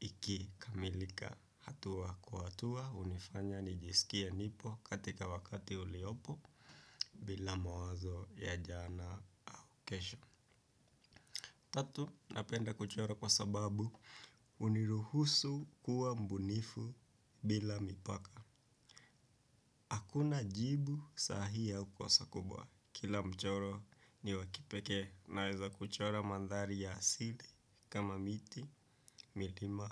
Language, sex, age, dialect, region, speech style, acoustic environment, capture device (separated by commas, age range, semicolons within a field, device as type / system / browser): Swahili, male, 18-24, Kenya - Nairobi Sheng-influenced, Kenya, relaxed, silence, desktop / Windows / Chrome